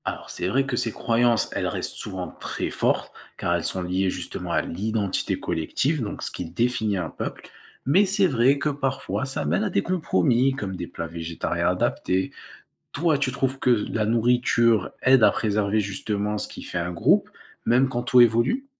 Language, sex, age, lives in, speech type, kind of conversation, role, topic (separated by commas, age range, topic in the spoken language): French, male, 20-24, France, read, expert-assistant, expert, Élever des animaux pour la viande ou le lait : comment les habitudes et valeurs changent-elles selon les cultures ?